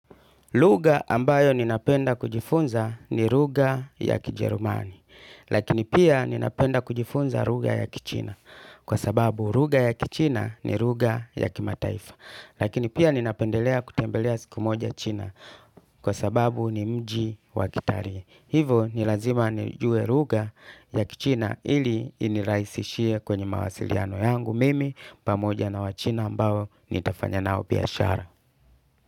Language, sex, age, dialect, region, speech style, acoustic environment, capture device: Swahili, male, 35-44, Tanzania - Dar es Salaam, Tanzania, tired, music, desktop / Linux / Chrome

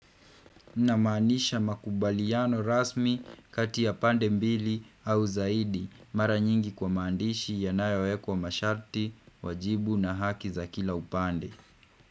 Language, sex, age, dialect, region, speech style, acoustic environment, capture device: Swahili, male, 25-34, Kenya - Kiambu, Kenya, relaxed, nature, desktop / Windows / Chrome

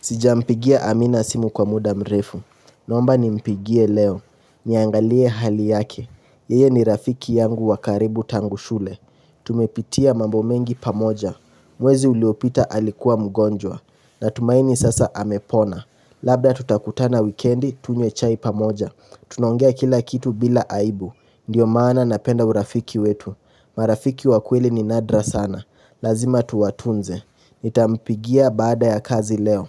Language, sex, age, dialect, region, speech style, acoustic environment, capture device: Swahili, male, 18-24, Kenya - Nairobi Sheng-influenced, Kenya, relaxed, nature, mobile / Linux / Chrome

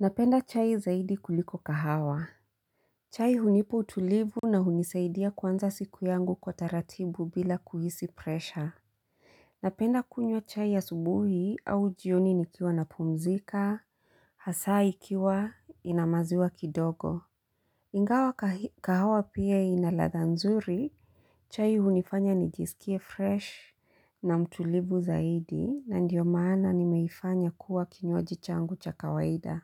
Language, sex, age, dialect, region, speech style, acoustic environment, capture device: Swahili, female, 35-44, Kenya - Mombasa, Kenya, happy; focused, silence, mobile / Linux / Chrome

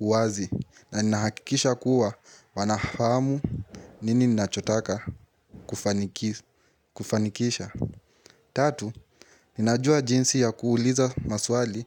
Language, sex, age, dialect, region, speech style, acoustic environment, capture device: Swahili, male, 18-24, Kenya - Nairobi Sheng-influenced, Kenya, happy; anxious; excited; focused, nature, mobile / Linux / Chrome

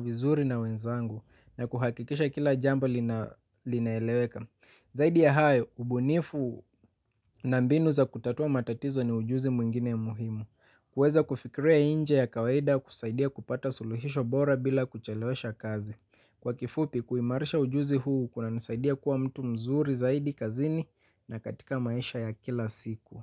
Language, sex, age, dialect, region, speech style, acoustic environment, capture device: Swahili, male, 25-34, Kenya - Mombasa, Kenya, happy, nature; silence, mobile / Linux / Chrome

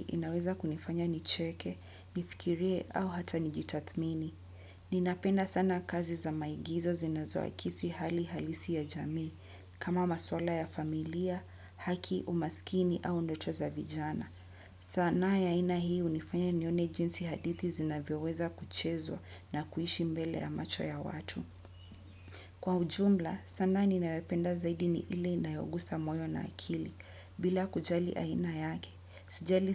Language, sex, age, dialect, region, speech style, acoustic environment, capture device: Swahili, female, 25-34, Kenya - Mombasa, Kenya, happy, nature; people talking; construction; appliances, desktop / Windows / Chrome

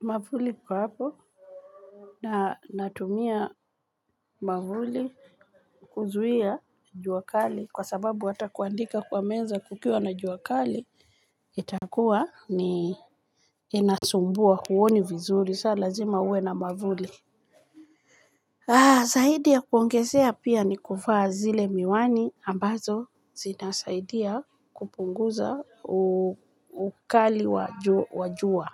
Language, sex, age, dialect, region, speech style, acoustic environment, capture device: Swahili, female, 45-54, Kenya - Nairobi Sheng-influenced, Kenya, relaxed, people talking, mobile / Linux / Chrome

